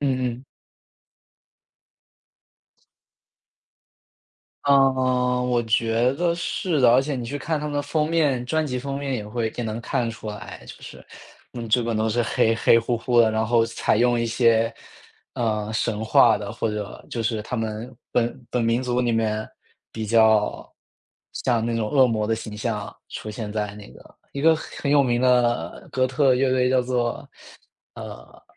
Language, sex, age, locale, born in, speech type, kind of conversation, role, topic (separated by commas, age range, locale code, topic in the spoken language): Chinese, male, 25-29, zh-CN, China, conversation, unstructured, none, 如果音乐能表达内心的恐惧，它会是什么样的声音？